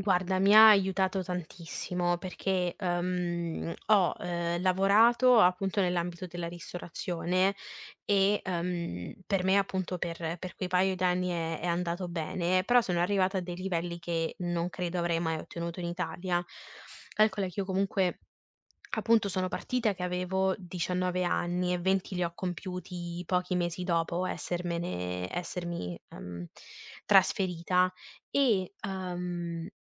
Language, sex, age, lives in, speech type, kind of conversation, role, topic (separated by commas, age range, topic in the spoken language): Italian, female, 25-29, Italy, conversation, podcast, guest, Raccontaci di un trasferimento che ha segnato una svolta nella tua vita